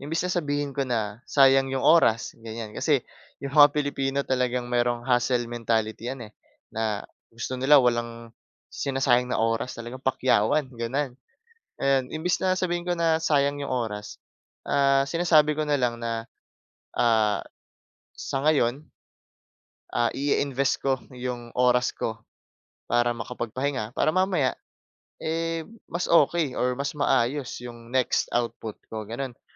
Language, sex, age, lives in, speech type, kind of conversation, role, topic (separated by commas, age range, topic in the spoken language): Filipino, male, 20-24, Philippines, conversation, podcast, guest, Paano mo napapawi ang guilt kapag nagpapahinga ka muna?